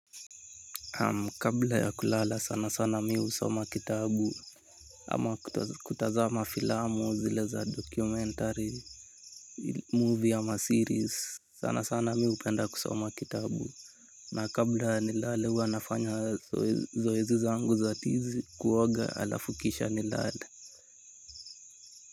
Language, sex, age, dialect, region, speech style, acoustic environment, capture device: Swahili, male, 18-24, Kenya - Nairobi Sheng-influenced, Kenya, relaxed, nature, mobile / Linux / Chrome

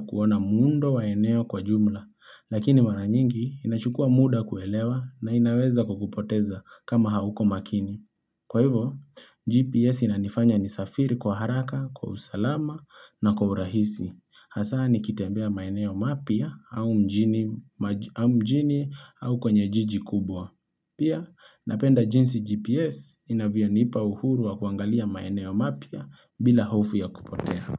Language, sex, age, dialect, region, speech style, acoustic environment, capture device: Swahili, male, 25-34, Kenya - Nairobi Sheng-influenced, Kenya, relaxed; focused, nature; appliances, mobile / Linux / Chrome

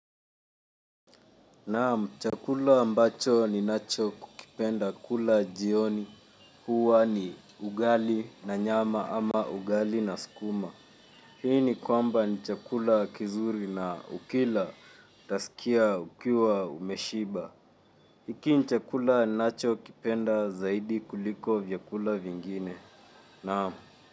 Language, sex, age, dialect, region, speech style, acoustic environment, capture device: Swahili, male, 18-24, Kenya - Nairobi Sheng-influenced, Kenya, focused, silence, mobile / Linux / Chrome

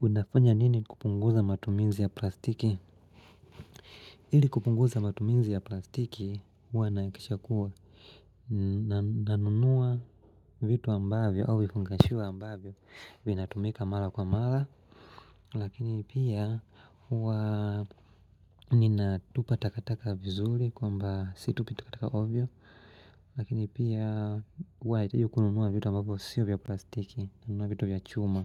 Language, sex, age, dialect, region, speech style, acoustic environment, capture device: Swahili, male, 25-34, Tanzania - Dar es Salaam, Tanzania, happy; relaxed, nature; silence; music; people talking; appliances, mobile / Linux / Chrome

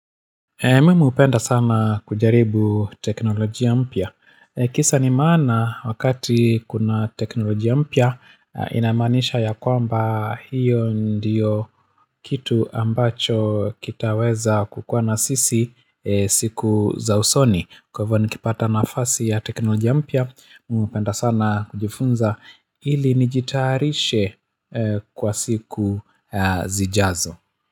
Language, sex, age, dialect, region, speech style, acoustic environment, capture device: Swahili, male, 45-54, Kenya - Nairobi Sheng-influenced, Kenya, happy; relaxed, nature, mobile / Linux / Chrome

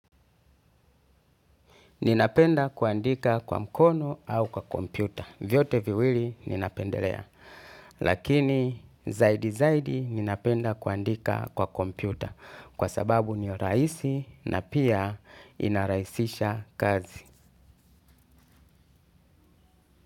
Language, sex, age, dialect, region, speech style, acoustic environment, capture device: Swahili, male, 35-44, Tanzania - Dar es Salaam, Tanzania, happy, people talking, desktop / Linux / Chrome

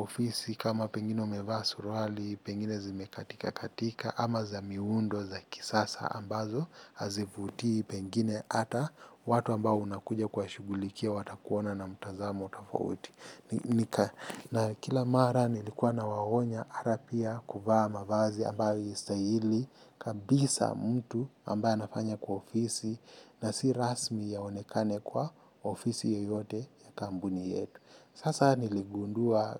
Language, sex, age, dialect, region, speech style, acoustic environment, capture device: Swahili, male, 25-34, Kenya - Mombasa, Kenya, happy; focused, people talking; construction; music; traffic; appliances, mobile / Linux / Chrome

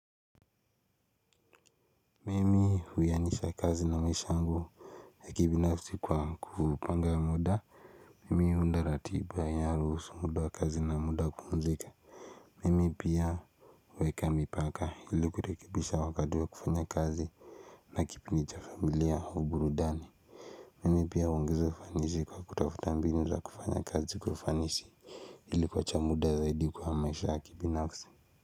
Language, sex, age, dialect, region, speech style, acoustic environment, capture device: Swahili, male, 18-24, Kenya - Nairobi Sheng-influenced, Kenya, relaxed; stressed, silence, mobile / Linux / Chrome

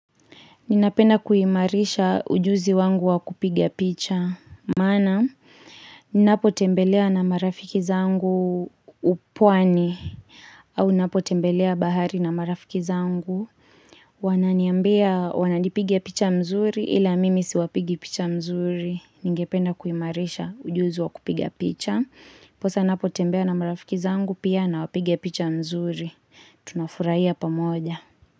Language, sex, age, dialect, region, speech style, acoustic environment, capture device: Swahili, female, 25-34, Kenya - Kiambu, Kenya, focused, silence, mobile / Linux / Chrome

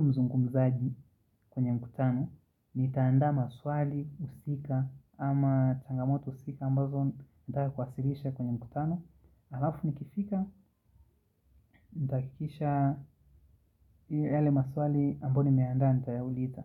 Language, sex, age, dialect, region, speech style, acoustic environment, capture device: Swahili, male, 25-34, Kenya - Nairobi Sheng-influenced, Kenya, relaxed, silence; nature, mobile / Linux / Chrome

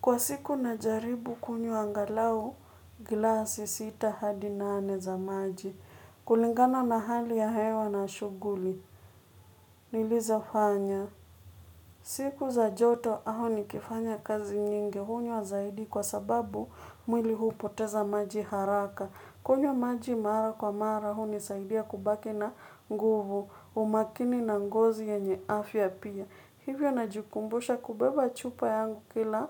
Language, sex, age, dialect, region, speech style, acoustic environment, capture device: Swahili, female, 25-34, Kenya - Nairobi Sheng-influenced, Kenya, nervous, people talking, mobile / Linux / Chrome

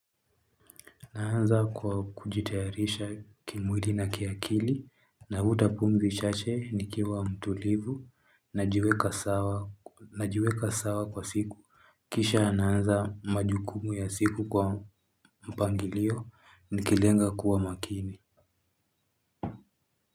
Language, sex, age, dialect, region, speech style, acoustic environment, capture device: Swahili, male, 18-24, Kenya - Nairobi Sheng-influenced, Kenya, happy, people talking, mobile / Linux / Chrome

